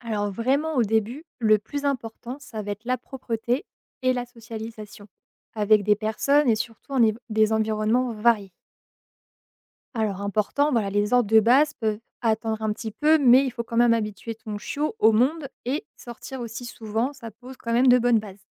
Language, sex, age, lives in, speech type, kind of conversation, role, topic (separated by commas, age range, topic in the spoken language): French, female, 25-29, France, read, expert-assistant, expert, Comment prioriser les apprentissages essentiels lorsqu’on dresse un animal de compagnie pour la première fois ? Qu’est-ce qui est le plus important : la propreté, l’obéissance de base ou la socialisation ?